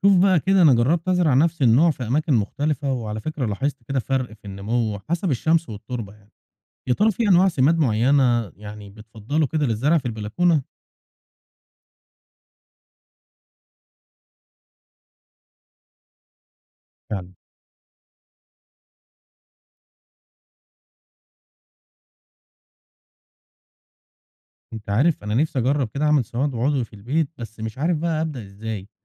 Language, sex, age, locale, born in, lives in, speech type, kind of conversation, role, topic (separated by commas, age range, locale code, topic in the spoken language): Arabic, male, 35-39, ar-EG, Egypt, Egypt, read, expert-assistant, asker, لو عندي مساحة محدودة للزراعة، إزاي أرتب أولوياتي بين زراعة خضار أساسية ولا فواكه موسمية؟